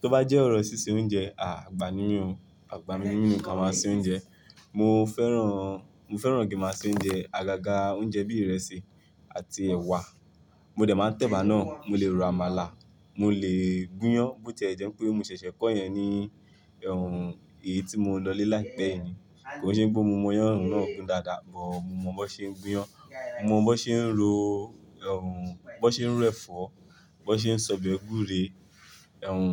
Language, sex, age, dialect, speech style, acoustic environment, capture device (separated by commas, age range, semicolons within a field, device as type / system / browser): Yoruba, male, 25-34, Nigeria - Lagos Yoruba, relaxed, people talking, mobile / Linux / Chrome